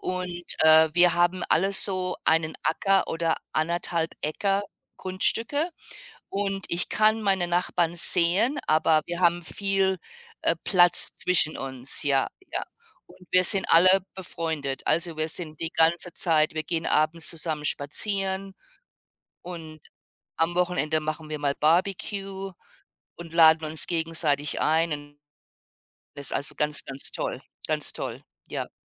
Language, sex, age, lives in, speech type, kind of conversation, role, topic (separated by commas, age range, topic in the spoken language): German, female, 55-59, United States, conversation, unstructured, none, Was macht eine gute Nachbarschaft für dich aus?